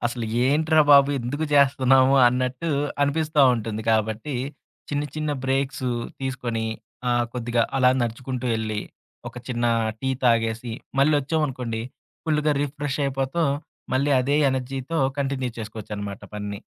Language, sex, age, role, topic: Telugu, male, 30-34, guest, ఒంటరిగా పనిచేసేటప్పుడు పనిపై ప్రేరణను ఎలా నిలుపుకుంటారు?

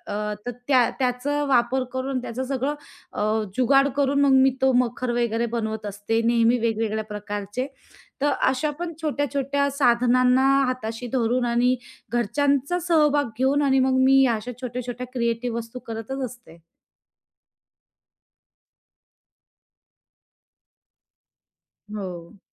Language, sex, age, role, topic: Marathi, female, 30-34, guest, साधने कमी असतानाही तुम्ही सर्जनशील कसे राहाल?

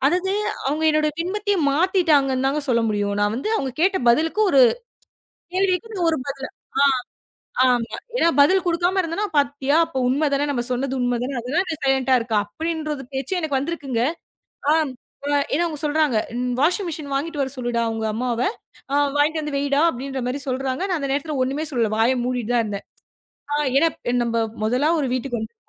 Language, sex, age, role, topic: Tamil, female, 25-29, guest, மற்றவர்களின் கருத்துகள் உங்கள் அடையாளத்தை எவ்வாறு பாதிக்கின்றன?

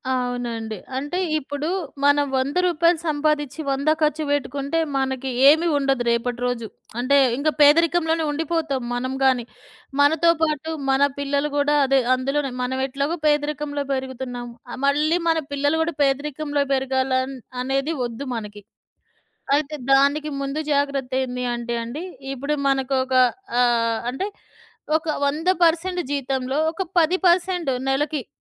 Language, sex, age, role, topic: Telugu, female, 40-44, guest, పేదరికం నుంచి పైకి వచ్చేటప్పుడు మీ దృష్టిలో విజయమంటే ఏమిటి?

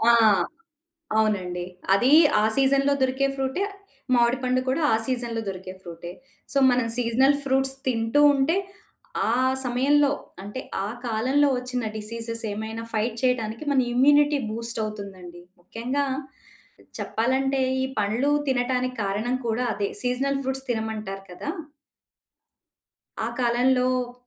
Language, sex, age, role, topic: Telugu, female, 40-44, guest, సీజన్లు మారినప్పుడు మీరు మీ ధ్యాన పద్ధతిని ఎలా మార్చుకుంటారు?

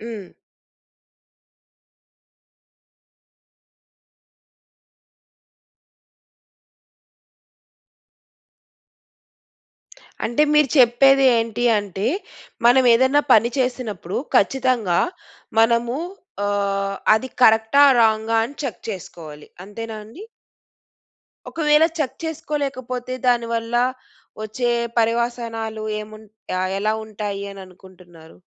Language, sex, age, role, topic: Telugu, female, 35-39, host, మీ స్వవిమర్శను మీరు ఎలా తగ్గించుకున్నారు?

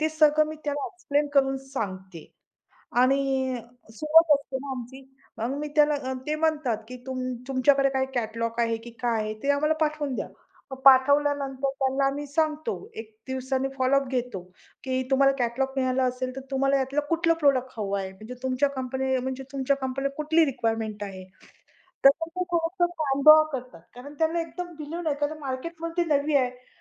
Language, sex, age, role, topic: Marathi, female, 45-49, guest, लोकांशी विश्वास निर्माण करण्यासाठी तुम्ही काय करता?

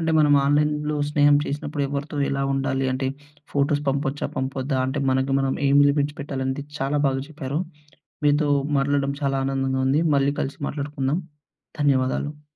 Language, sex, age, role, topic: Telugu, male, 20-24, host, ఆన్‌లైన్ స్నేహాలు నిజంగా నిలబడతాయా?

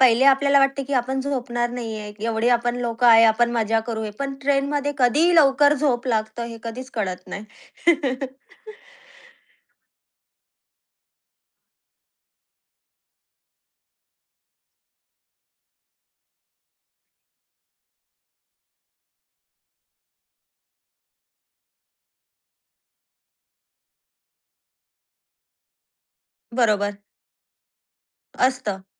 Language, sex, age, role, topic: Marathi, female, 30-34, host, तुमचं सामान कधी हरवलं आणि त्यानंतर नेमकं काय घडलं?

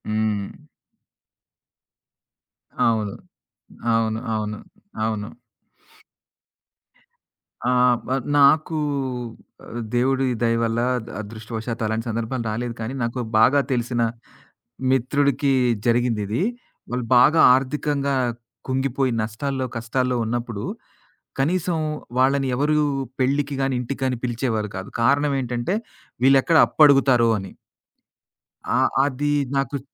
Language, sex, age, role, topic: Telugu, male, 40-44, guest, డబ్బు విజయానికి ఎంత ముఖ్యమని మీరు భావిస్తారు?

